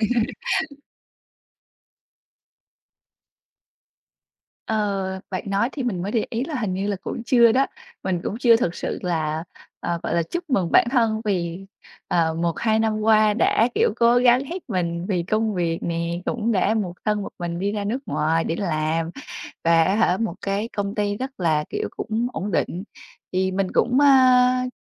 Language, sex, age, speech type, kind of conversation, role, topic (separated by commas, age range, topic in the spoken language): Vietnamese, female, 25-29, conversation, advice, user, Làm sao để quản lý sự thất vọng và giữ kiên nhẫn khi tiến trình của tôi diễn ra chậm?